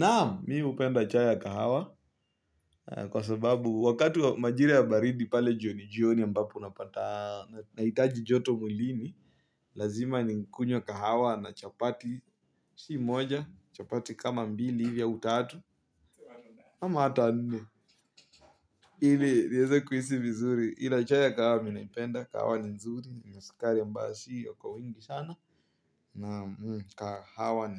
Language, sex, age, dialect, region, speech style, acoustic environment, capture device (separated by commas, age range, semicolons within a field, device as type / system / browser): Swahili, male, 18-24, Kenya - Nairobi Sheng-influenced, Kenya, happy, silence, mobile / Linux / Chrome